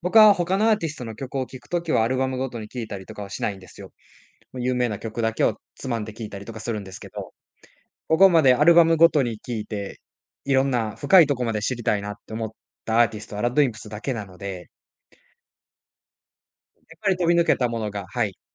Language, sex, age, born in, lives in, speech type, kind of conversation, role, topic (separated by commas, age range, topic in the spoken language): Japanese, male, 20-24, Japan, Japan, conversation, podcast, guest, 最近の音楽の好みは、どう変わってきたと思いますか？